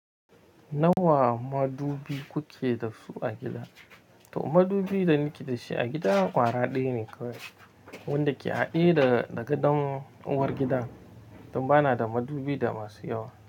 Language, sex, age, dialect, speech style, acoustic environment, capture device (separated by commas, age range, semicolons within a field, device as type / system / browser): Hausa, male, 25-34, Nigeria - Nigerian Standard, anxious; relaxed; excited; focused; happy, nature; silence, mobile / Linux / Chrome